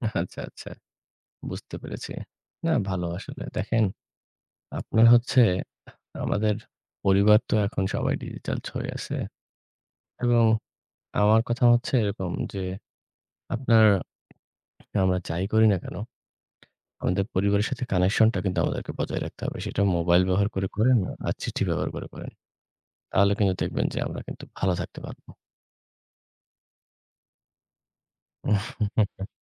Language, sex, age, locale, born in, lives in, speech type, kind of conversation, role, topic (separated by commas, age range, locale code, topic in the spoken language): Bengali, male, 25-29, bn-BD, Bangladesh, Bangladesh, conversation, unstructured, none, আপনি কীভাবে কাজ ও ব্যক্তিগত জীবনের মধ্যে ভারসাম্য বজায় রাখেন?